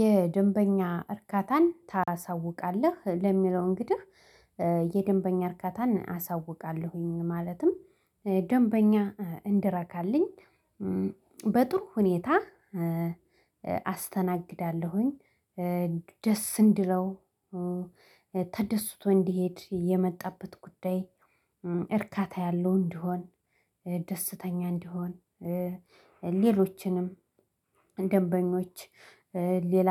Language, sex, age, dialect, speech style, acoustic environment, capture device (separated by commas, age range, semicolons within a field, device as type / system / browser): Amharic, female, 25-34, Ethiopia - Addis Ababa, happy; excited, people talking, mobile / Linux / Chrome